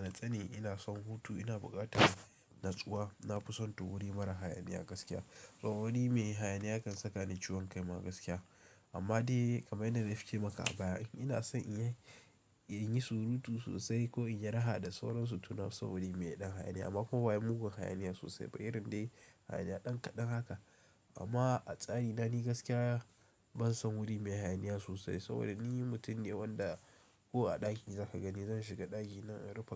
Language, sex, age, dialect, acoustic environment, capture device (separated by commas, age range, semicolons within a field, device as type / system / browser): Hausa, male, 18-24, Nigeria - Kano, appliances; nature, mobile / Linux / Chrome